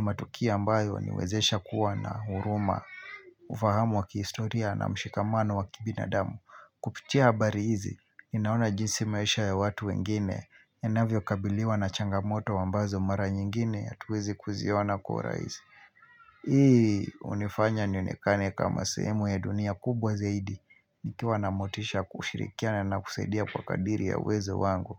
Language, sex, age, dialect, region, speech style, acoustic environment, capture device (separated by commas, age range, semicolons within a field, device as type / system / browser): Swahili, male, 25-34, Kenya - Mombasa, Kenya, focused, nature, mobile / Linux / Chrome